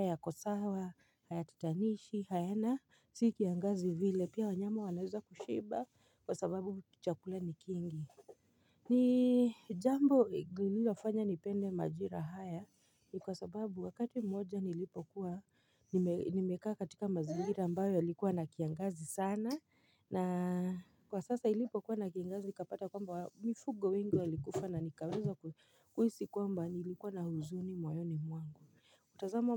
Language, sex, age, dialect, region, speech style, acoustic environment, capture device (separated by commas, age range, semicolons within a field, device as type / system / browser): Swahili, female, 25-34, Kenya - Nairobi Sheng-influenced, Kenya, focused, silence, mobile / Linux / Chrome